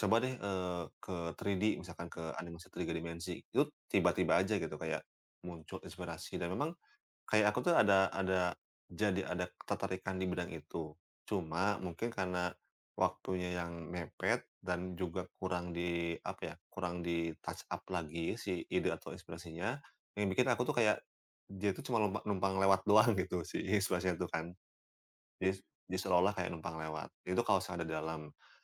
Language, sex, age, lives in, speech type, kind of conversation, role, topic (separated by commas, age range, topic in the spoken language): Indonesian, male, 30-34, Indonesia, conversation, podcast, guest, Gimana biasanya kamu nemu inspirasi buat bikin sesuatu baru?